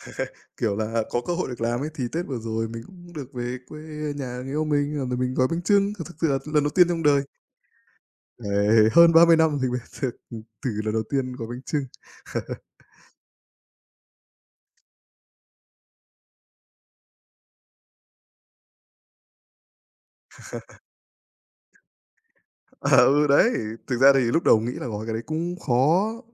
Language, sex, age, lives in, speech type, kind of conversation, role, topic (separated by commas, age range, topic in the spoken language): Vietnamese, male, 25-29, Vietnam, conversation, unstructured, none, Bạn thích tham gia lễ hội nào nhất trong năm?